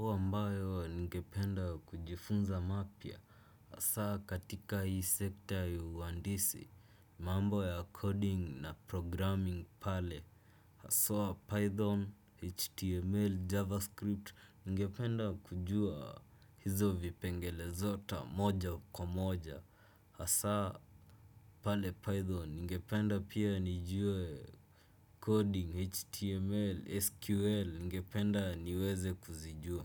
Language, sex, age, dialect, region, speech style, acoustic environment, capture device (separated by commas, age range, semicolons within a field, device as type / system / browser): Swahili, male, 18-24, Kenya - Nairobi Sheng-influenced, Kenya, focused, silence, desktop / Windows / Chrome